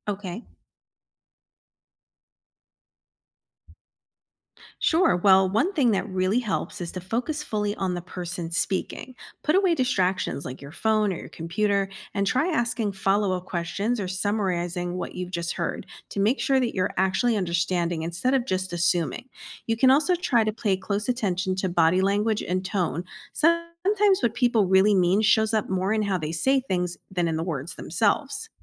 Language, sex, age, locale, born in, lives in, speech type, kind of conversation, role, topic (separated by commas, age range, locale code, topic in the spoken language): English, female, 50-54, en-US, United States, United States, read, expert-assistant, expert, How can you develop better listening skills?